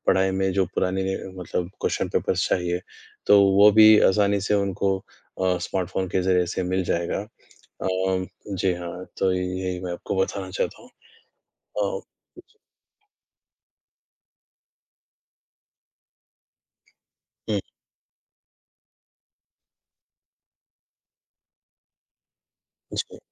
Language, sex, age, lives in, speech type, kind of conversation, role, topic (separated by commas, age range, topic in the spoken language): Hindi, male, 20-24, India, conversation, unstructured, none, तकनीक ने काम करने के तरीके को कैसे बदला है?